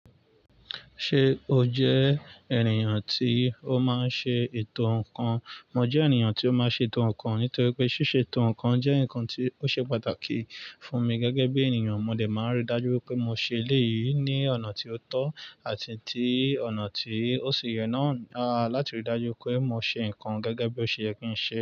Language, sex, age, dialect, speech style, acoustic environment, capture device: Yoruba, male, 25-34, Nigeria - Nigerian Standard, excited, nature, mobile / Linux / Chrome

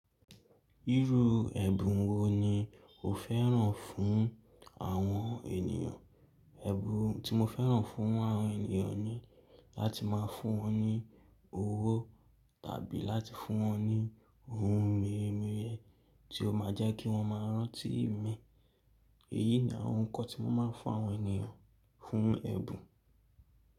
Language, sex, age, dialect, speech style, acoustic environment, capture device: Yoruba, male, 18-24, Nigeria - Lagos Yoruba, excited, nature, mobile / Linux / Chrome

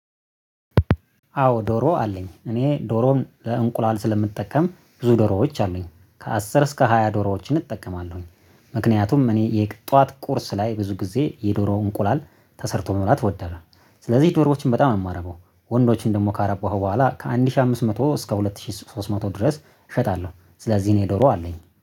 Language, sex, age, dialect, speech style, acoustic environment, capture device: Amharic, male, 25-34, Ethiopia - Addis Ababa, happy, nature; silence, mobile / Linux / Chrome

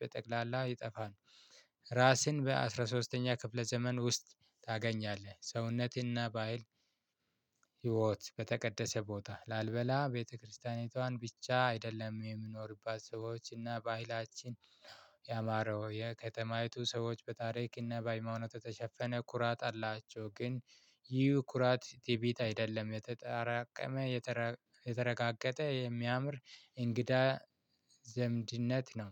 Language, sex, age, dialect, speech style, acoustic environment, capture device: Amharic, male, 25-34, Ethiopia - Addis Ababa, excited, nature, mobile / Linux / Chrome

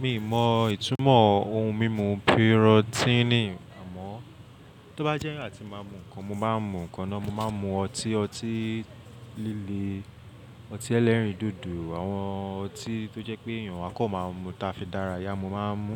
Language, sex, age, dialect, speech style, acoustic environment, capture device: Yoruba, male, 25-34, Nigeria - Lagos Yoruba, relaxed, silence; people talking; nature, mobile / Linux / Chrome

